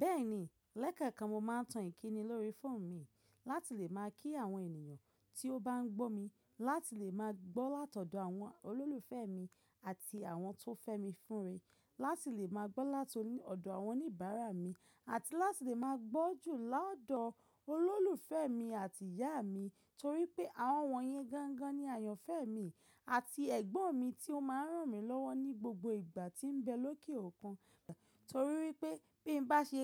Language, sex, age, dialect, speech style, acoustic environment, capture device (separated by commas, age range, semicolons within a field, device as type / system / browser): Yoruba, female, 25-34, Nigeria - Ibadan, relaxed; focused, nature; silence, mobile / macOS / Safari